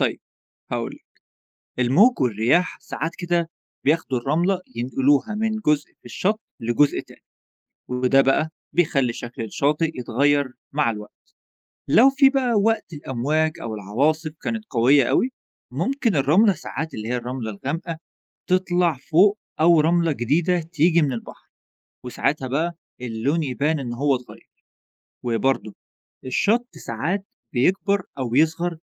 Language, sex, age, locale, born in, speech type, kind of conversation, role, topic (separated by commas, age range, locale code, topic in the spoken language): Arabic, male, 20-24, ar-EG, Egypt, read, expert-assistant, expert, كيف تتكون الرمال على الشواطئ؟